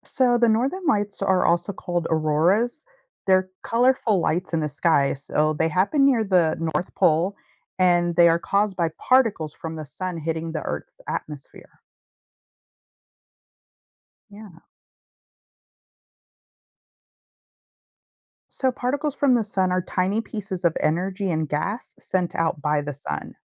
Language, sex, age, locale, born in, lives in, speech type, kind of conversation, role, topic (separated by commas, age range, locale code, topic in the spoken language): English, female, 35-39, en-US, United States, United States, read, expert-assistant, expert, What makes the northern lights appear in the sky?